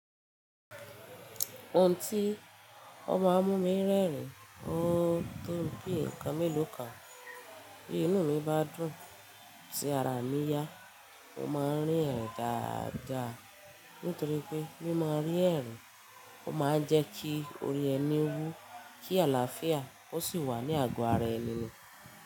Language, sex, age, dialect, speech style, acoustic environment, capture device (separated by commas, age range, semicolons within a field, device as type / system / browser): Yoruba, female, 25-34, Nigeria - Ibadan, excited, nature, mobile / Linux / Chrome